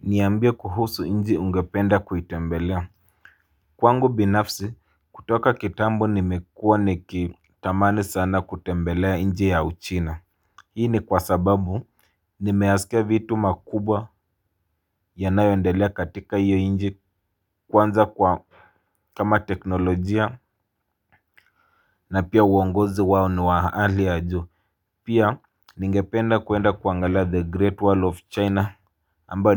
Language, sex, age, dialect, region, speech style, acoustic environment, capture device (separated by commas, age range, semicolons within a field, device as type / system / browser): Swahili, male, 25-34, Kenya - Mombasa, Kenya, happy, people talking, mobile / Linux / Chrome